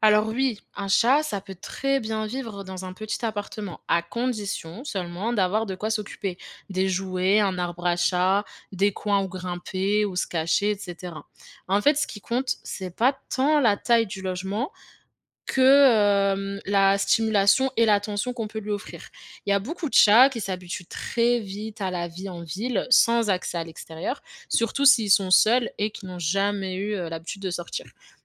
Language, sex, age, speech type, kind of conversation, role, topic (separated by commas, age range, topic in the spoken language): French, female, 25-29, read, expert-assistant, expert, Quel animal de compagnie choisir quand on vit en ville avec un emploi du temps chargé ?